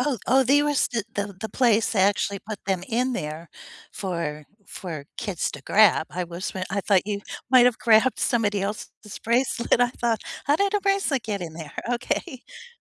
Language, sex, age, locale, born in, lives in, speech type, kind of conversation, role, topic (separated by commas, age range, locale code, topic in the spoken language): English, female, 70-74, en-US, United States, United States, conversation, unstructured, none, Which childhood memory still makes you smile today, and what makes it so special to you?